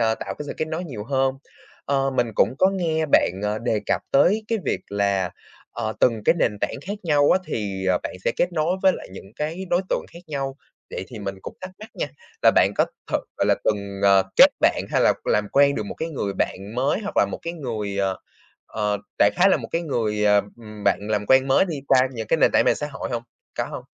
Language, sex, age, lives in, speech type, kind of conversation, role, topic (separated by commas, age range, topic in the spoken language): Vietnamese, male, 20-24, Vietnam, conversation, podcast, host, Theo bạn, mạng xã hội giúp hay cản trở việc tạo ra những kết nối thật?